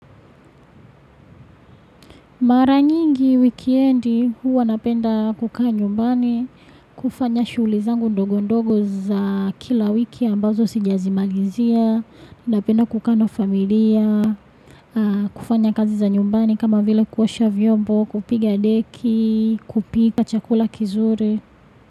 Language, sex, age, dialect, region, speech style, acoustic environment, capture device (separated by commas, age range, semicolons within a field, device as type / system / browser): Swahili, female, 25-34, Tanzania - Dar es Salaam, Tanzania, happy, people talking, desktop / Windows / Chrome